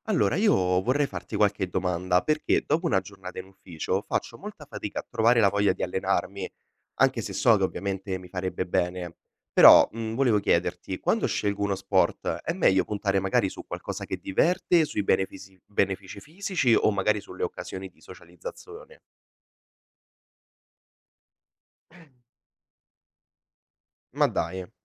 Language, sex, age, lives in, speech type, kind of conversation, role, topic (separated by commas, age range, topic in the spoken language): Italian, male, 20-24, Italy, read, expert-assistant, asker, Nella scelta di sport da praticare, quali fattori dovrebbero avere la priorità: divertimento personale, benefici fisici o opportunità di socializzazione?